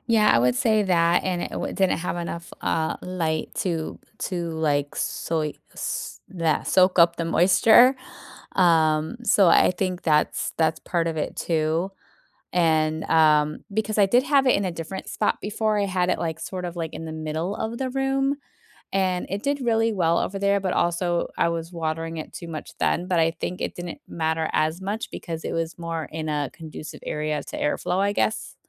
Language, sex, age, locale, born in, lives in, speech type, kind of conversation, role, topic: English, female, 40-44, en-US, United States, United States, conversation, unstructured, none, How do you care for and display houseplants at home?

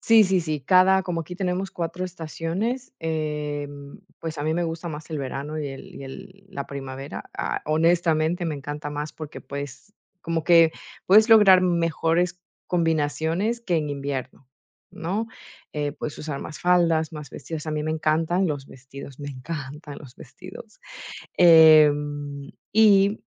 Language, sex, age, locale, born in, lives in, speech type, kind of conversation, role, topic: Spanish, female, 40-44, es-EC, Ecuador, Netherlands, conversation, podcast, guest, ¿Qué consejo le darías a alguien que quiere simplificar su armario?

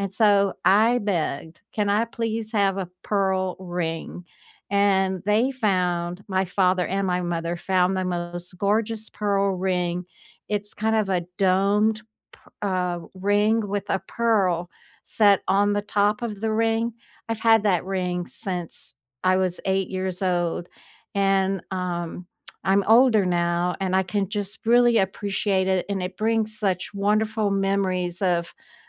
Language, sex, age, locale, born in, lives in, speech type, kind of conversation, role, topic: English, female, 70-74, en-US, United States, United States, conversation, unstructured, none, Which keepsake do you treasure most, and what story does it hold for you?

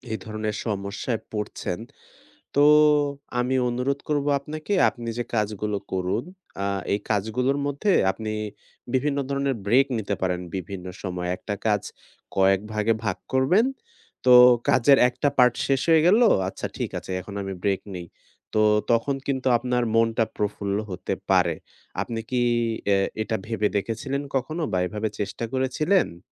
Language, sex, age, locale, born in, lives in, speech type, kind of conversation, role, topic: Bengali, male, 20-24, bn-BD, Bangladesh, Bangladesh, conversation, advice, advisor, কাজের চাপের কারণে পরিবারে খিটখিটে হয়ে যাচ্ছি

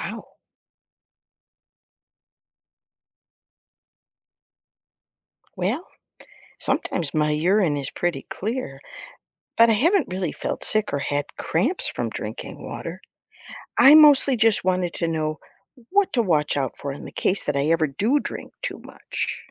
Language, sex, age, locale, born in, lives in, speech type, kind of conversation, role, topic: English, female, 60-64, en-US, United States, United States, read, expert-assistant, asker, Why is daily water intake necessary for health?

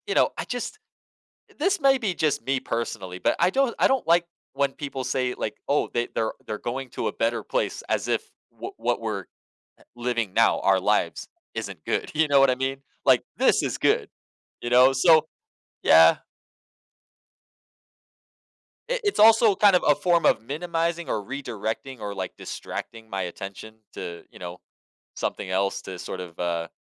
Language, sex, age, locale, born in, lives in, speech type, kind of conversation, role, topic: English, male, 30-34, en-US, United States, United States, conversation, podcast, guest, What are thoughtful ways to be there for someone who is grieving?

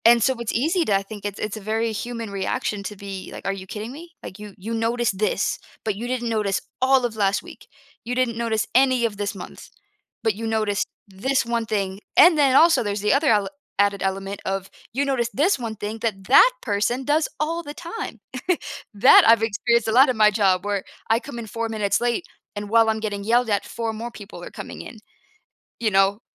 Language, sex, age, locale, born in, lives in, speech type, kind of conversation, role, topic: English, female, 25-29, en-US, United States, United States, conversation, podcast, guest, What makes a sincere apology meaningful after a disagreement?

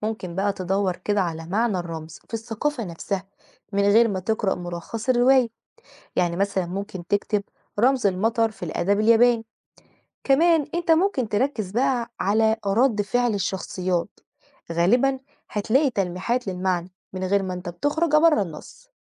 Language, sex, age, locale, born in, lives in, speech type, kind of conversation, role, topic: Arabic, female, 20-24, ar-EG, Egypt, Egypt, read, expert-assistant, expert, إيه أكتر الطرق اللي بتساعدني أكتشف التشابه والاختلاف بين روايتين من ثقافتين مختلفتين؟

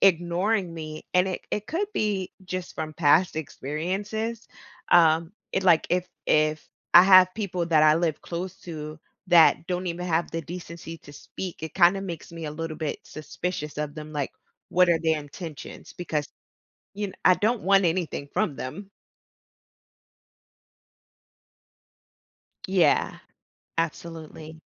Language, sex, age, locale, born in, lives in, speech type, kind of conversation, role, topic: English, female, 35-39, en-US, United States, United States, conversation, advice, user, How can I make genuine friends in a tight-knit community where people seem closed off?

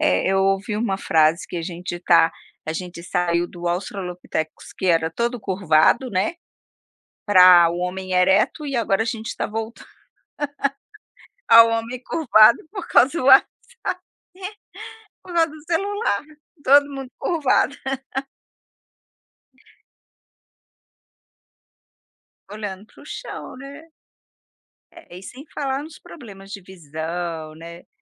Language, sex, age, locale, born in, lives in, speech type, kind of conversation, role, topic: Portuguese, female, 55-59, pt-BR, Brazil, United States, conversation, podcast, host, Como você lida com notificações que atrapalham o seu dia?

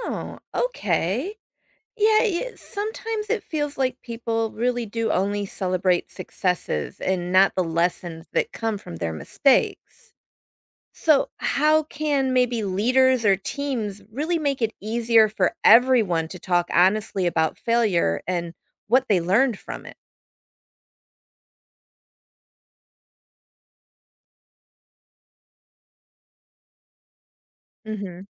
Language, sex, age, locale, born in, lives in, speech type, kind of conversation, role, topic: English, female, 50-54, en-US, United States, United States, read, expert-assistant, asker, How can learning from failure help you grow as a person?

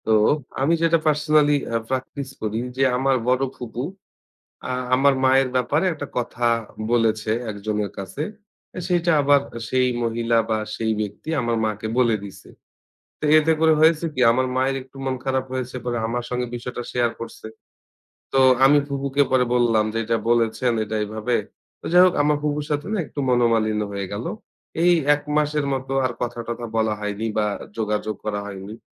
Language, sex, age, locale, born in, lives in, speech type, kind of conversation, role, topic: Bengali, male, 30-34, bn-BD, Bangladesh, Bangladesh, conversation, podcast, guest, দূরে থাকা পরিবারের সঙ্গে সম্পর্ক কীভাবে বজায় রাখেন?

